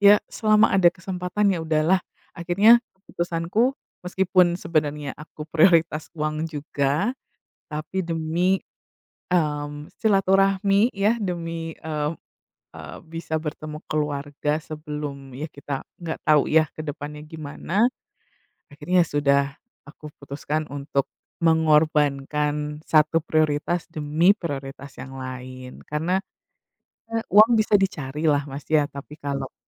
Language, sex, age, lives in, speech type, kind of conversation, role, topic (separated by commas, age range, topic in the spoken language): Indonesian, female, 35-39, United States, conversation, unstructured, none, Bagaimana kamu menentukan prioritas dalam hidup?